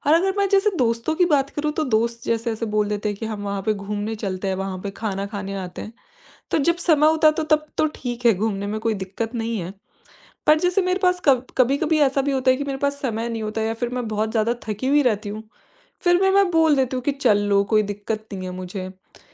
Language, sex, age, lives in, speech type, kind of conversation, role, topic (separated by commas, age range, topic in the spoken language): Hindi, female, 25-29, India, conversation, advice, user, सब कुछ हाँ कह देने और समय के दबाव में रहने की आदत से कैसे निपटें?